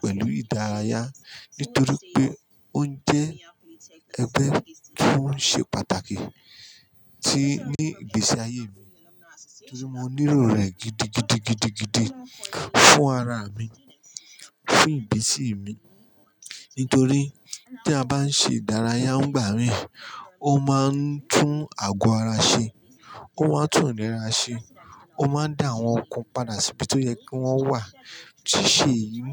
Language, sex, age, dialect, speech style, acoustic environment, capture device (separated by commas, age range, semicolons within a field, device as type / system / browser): Yoruba, male, 35-44, Nigeria - Ijebu, happy; anxious; stressed; excited; tired, construction; people talking; music; silence; appliances, mobile / Linux / Chrome